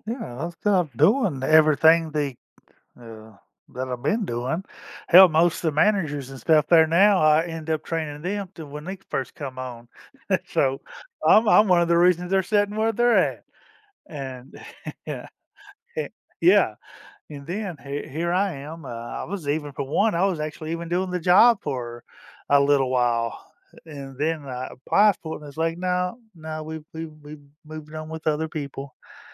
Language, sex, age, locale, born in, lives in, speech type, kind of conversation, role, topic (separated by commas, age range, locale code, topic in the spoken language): English, male, 50-54, en-US, United States, United States, conversation, advice, user, How can I address feeling unappreciated at work?